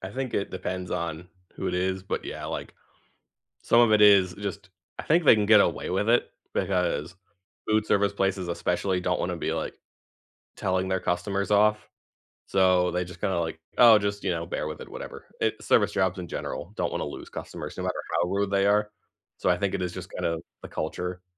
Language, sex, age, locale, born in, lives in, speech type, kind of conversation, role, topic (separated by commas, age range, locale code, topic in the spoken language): English, male, 25-29, en-US, United States, United States, conversation, unstructured, none, What is your go-to comfort food, who taught you to make it, and what memories does it bring back?